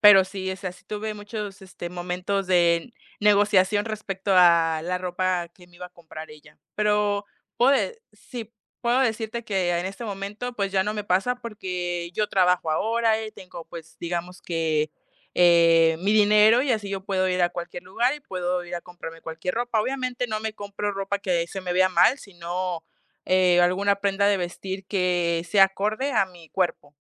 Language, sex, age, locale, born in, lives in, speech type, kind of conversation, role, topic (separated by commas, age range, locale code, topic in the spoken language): Spanish, female, 20-24, es-MX, Mexico, Mexico, conversation, podcast, guest, ¿Cómo ha influido tu familia en tu estilo?